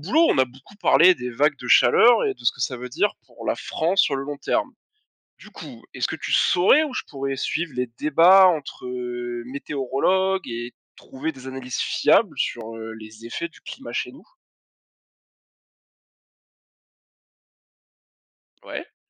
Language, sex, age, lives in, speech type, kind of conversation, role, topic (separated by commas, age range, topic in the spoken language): French, male, 25-29, France, read, expert-assistant, asker, Où chercher pour suivre les débats entre météorologues sur les changements climatiques et leurs effets concrets en France ?